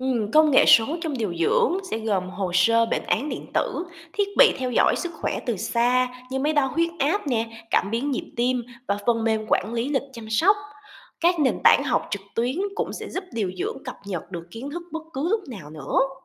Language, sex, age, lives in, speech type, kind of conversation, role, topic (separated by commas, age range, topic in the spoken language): Vietnamese, female, 25-29, Vietnam, read, expert-assistant, expert, Nếu muốn tìm hiểu về các xu hướng mới trong ngành điều dưỡng, mình nên bắt đầu từ đâu và nguồn thông tin nào là đáng tin cậy?